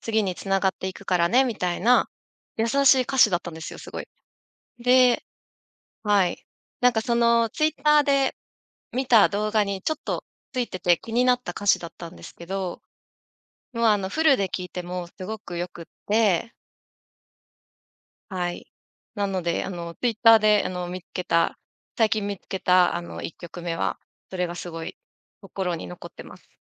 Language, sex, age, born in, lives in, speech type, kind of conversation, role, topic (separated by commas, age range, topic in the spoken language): Japanese, female, 35-39, Japan, Japan, conversation, podcast, guest, 新しい曲をどこで探していますか？